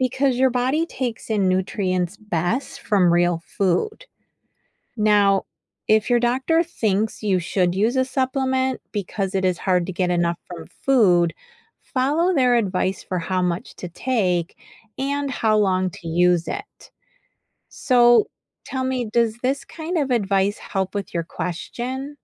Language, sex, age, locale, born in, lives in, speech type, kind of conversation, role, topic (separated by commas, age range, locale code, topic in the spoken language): English, female, 50-54, en-US, United States, United States, read, expert-assistant, expert, Why do we need vitamins and minerals in our diet?